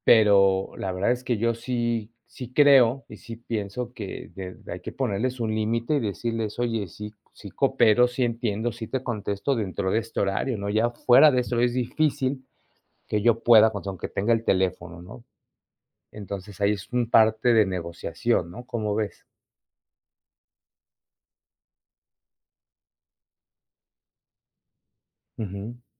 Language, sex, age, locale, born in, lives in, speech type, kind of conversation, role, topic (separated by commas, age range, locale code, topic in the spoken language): Spanish, male, 45-49, es-MX, Mexico, Mexico, conversation, unstructured, none, ¿Te molesta que te pidan responder correos o mensajes fuera del horario laboral?